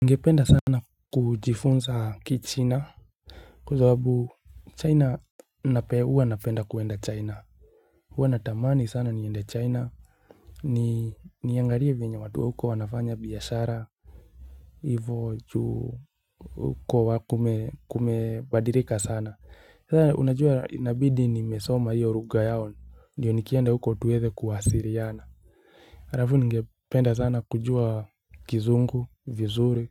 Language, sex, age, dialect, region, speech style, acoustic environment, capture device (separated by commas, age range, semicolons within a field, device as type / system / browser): Swahili, male, 25-34, Kenya - Nairobi Sheng-influenced, Kenya, happy, silence, mobile / Linux / Chrome